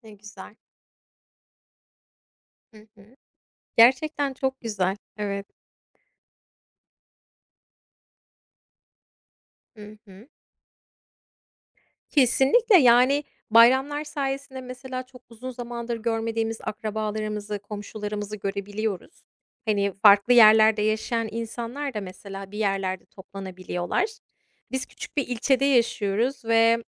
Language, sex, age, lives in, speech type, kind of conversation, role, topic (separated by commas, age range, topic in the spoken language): Turkish, female, 35-39, United States, conversation, unstructured, none, Bayramlar sizin için ne ifade ediyor?